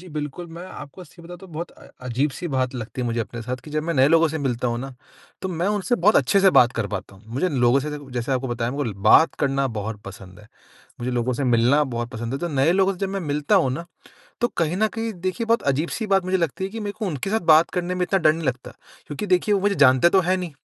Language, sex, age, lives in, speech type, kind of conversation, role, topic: Hindi, male, 25-29, India, conversation, advice, user, दोस्तों की पार्टी में समूह के साथ तालमेल बिठाते हुए असहज महसूस होने पर क्या करूँ?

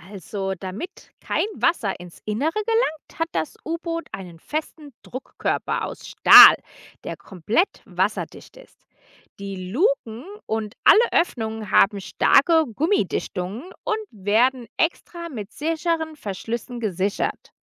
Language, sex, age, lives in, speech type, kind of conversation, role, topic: German, female, 35-39, United States, read, expert-assistant, expert, Wie funktioniert ein U-Boot?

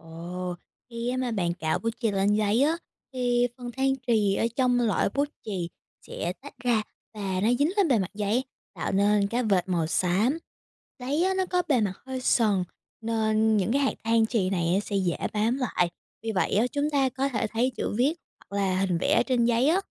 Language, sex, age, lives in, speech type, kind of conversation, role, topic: Vietnamese, female, 18-19, United States, read, expert-assistant, expert, Vì sao khi ta cạo bút chì lên giấy, giấy lại có màu?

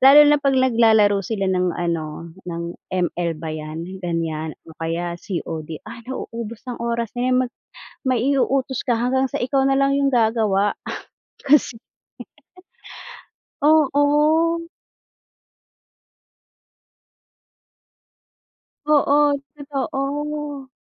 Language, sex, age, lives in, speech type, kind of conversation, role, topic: Filipino, female, 40-44, Philippines, conversation, unstructured, none, Paano nakakatulong ang teknolohiya sa araw-araw mong buhay?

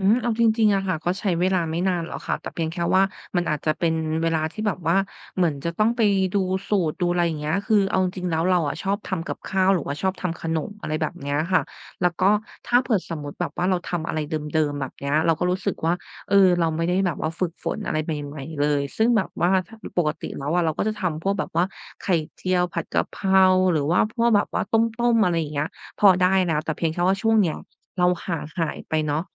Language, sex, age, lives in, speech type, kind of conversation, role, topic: Thai, female, 30-34, Thailand, conversation, advice, user, ฉันจะจัดสรรเวลาให้งานอดิเรกโดยไม่ละเลยหน้าที่อื่นได้อย่างไร?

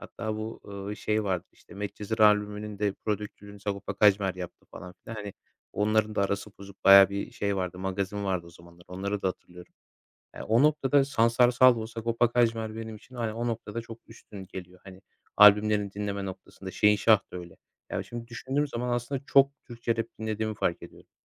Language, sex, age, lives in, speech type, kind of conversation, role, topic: Turkish, male, 25-29, Poland, conversation, podcast, guest, Müzik zevkin nasıl oluştu, anlatır mısın?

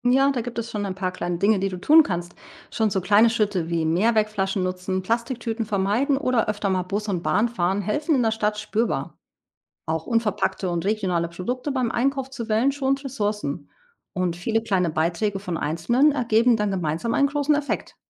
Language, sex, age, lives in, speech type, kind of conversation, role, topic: German, female, 40-44, Portugal, read, expert-assistant, expert, Welche Rolle spielt mein persönlicher Lebensstil dabei, die Natur zu schützen, und wo kann ich wirklich etwas bewirken?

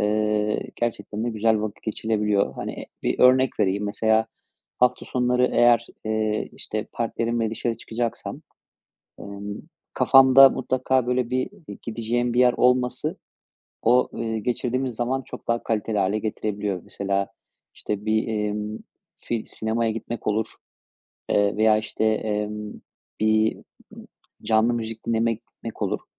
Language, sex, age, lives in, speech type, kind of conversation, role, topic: Turkish, male, 35-39, Spain, conversation, podcast, guest, Kaliteli zaman geçirmek senin için ne anlama geliyor?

